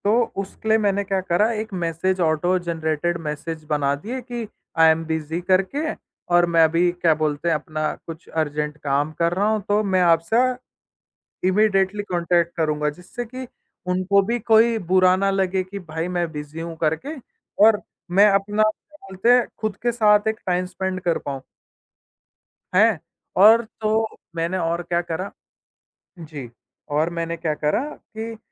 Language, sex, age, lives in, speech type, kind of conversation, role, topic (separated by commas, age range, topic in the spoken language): Hindi, male, 18-19, India, conversation, podcast, guest, Kaise tum hamesha online rehne ke bawajood kaam aur personal zindagi ki seemaen bana kar rakhte ho?